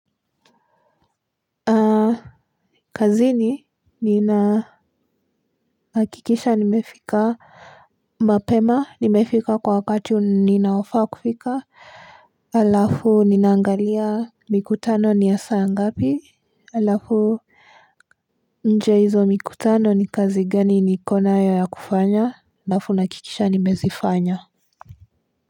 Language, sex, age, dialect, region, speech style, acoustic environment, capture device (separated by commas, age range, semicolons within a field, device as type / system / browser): Swahili, female, 18-24, Kenya - Nairobi Sheng-influenced, Kenya, relaxed, silence, mobile / Linux / Chrome